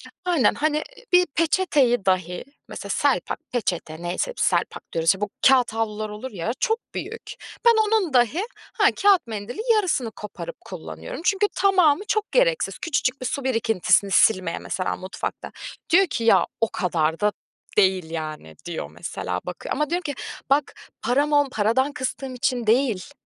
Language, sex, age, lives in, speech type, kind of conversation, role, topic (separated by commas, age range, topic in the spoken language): Turkish, female, 25-29, Germany, conversation, podcast, guest, Çevre dostu alışkanlıklarını bizimle paylaşır mısın?